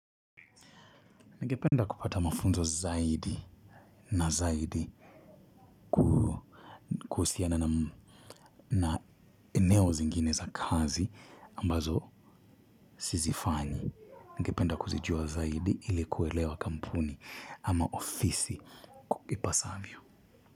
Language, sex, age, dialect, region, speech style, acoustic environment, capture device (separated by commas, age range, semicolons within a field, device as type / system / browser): Swahili, male, 25-34, Kenya - Mombasa, Kenya, happy; relaxed, silence, mobile / Linux / Chrome